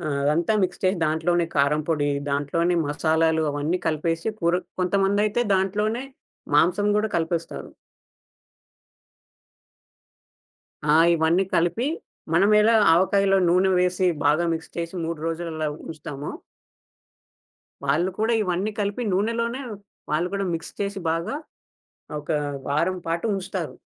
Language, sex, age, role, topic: Telugu, male, 20-24, guest, టిక్‌టాక్‌లాంటి యాప్‌లు మీ ఏకాగ్రతను పాడుచేస్తాయా?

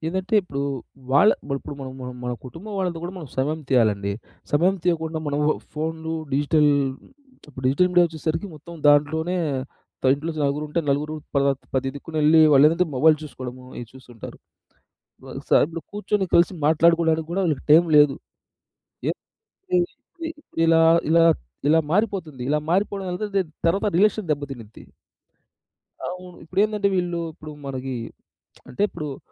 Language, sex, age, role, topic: Telugu, male, 30-34, guest, పని-జీవిత సమతుల్యతను నిలబెట్టుకోవడానికి మీరు ఏ చిట్కాలు పాటిస్తారు?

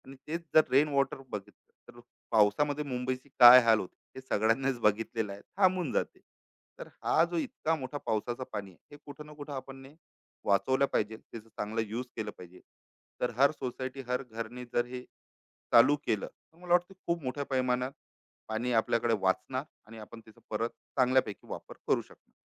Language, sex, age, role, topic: Marathi, male, 35-39, guest, घरात पाणी वाचवण्यासाठी आपण काय करू शकतो?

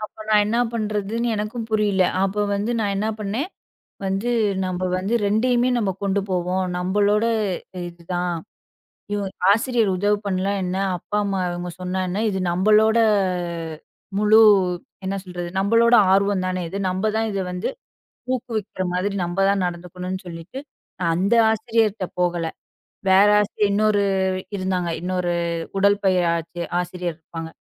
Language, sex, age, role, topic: Tamil, female, 25-29, guest, ஆதரவு கிடைக்காத நேரங்களில் நீங்கள் அதை எப்படி சமாளிக்கிறீர்கள்?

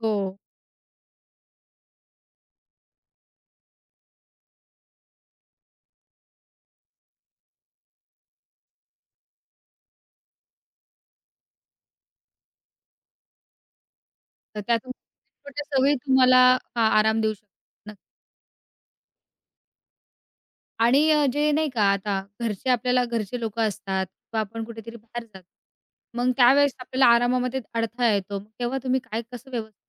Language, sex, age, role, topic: Marathi, female, 20-24, host, तुमच्या मते चांगला आराम म्हणजे नेमकं काय?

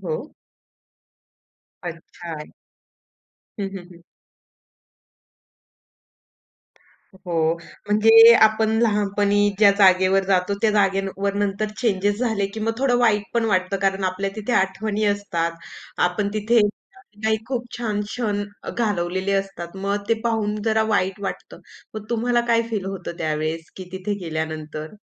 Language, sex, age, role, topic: Marathi, female, 25-29, host, लहानपणीची सर्वात मजेदार आठवण कोणती सांगाल?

